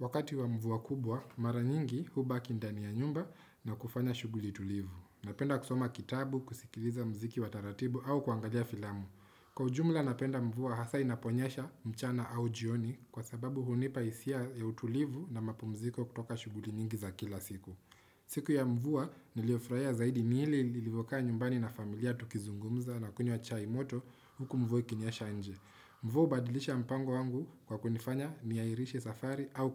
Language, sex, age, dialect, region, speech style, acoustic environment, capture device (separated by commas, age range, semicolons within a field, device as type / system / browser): Swahili, male, 25-34, Kenya - Nairobi Sheng-influenced, Kenya, relaxed, silence, desktop / Windows / Chrome